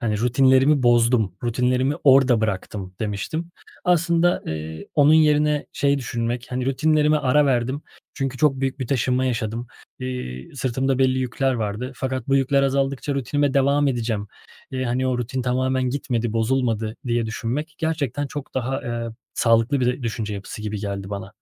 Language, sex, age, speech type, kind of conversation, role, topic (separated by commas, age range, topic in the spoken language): Turkish, male, 30-34, conversation, advice, user, Rutin bozulduktan sonra yeniden düzene dönmekte neden zorlanıyorum?